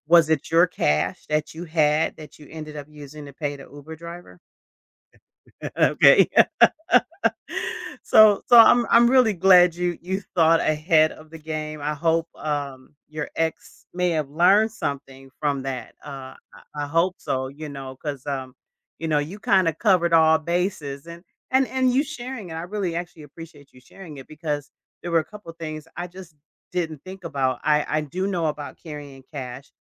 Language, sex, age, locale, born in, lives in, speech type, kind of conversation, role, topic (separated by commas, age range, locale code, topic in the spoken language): English, female, 55-59, en-US, United States, United States, conversation, podcast, host, How do you stay flexible and make the most of unexpected situations while traveling?